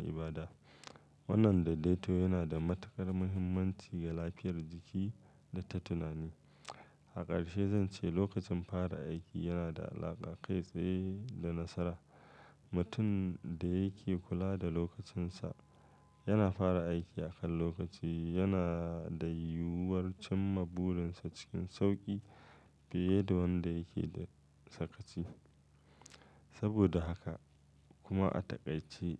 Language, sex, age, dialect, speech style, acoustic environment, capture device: Hausa, male, 35-44, Nigeria - Kano, focused, nature; silence, mobile / Linux / Chrome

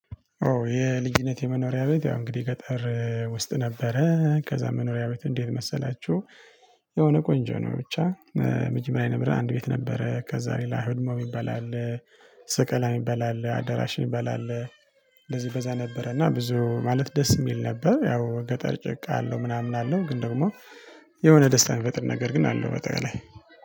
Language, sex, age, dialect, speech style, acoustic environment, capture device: Amharic, male, 25-34, Ethiopia - Tigray, tired, people talking, mobile / Linux / Chrome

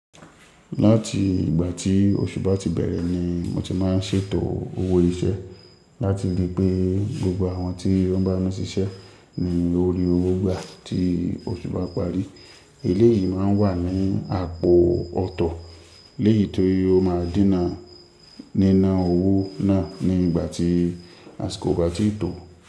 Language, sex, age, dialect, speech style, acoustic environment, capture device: Yoruba, male, 25-34, Nigeria - Ibadan, happy, nature, mobile / Linux / Chrome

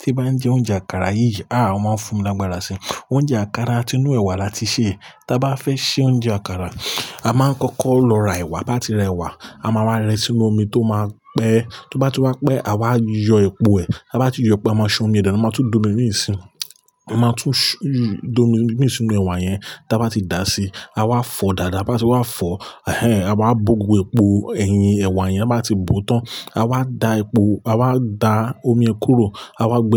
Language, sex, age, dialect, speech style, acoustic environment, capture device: Yoruba, male, 35-44, Nigeria - Lagos Yoruba, focused, appliances, mobile / Linux / Chrome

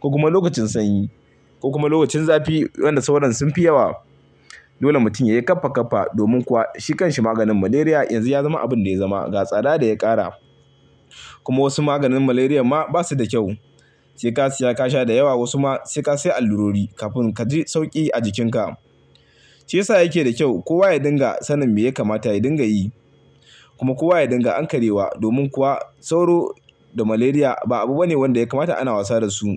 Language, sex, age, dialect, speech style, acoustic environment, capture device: Hausa, male, 25-34, Nigeria - Kano, happy, silence; people talking, mobile / Linux / Chrome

